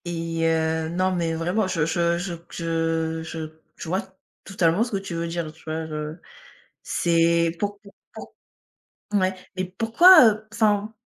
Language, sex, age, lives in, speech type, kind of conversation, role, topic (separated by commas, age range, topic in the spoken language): French, female, 20-24, France, conversation, unstructured, none, Aurais-tu peur de perdre des amis en étant honnête ?